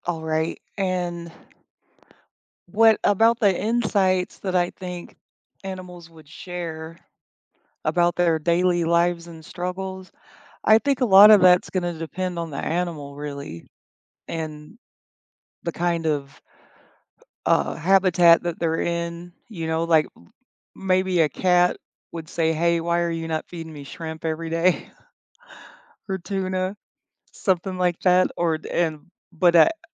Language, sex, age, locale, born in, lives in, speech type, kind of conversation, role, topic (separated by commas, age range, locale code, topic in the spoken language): English, female, 45-49, en-US, United States, United States, conversation, unstructured, none, How might understanding animals' thoughts change the way we relate to them?